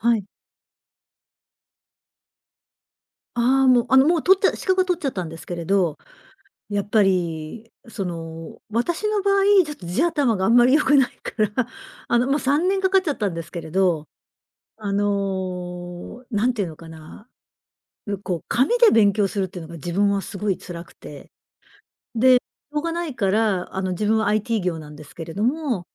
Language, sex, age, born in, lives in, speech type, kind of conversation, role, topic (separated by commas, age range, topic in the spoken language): Japanese, female, 55-59, Japan, Japan, conversation, podcast, guest, 趣味を仕事にするまでの道のりはどうでしたか？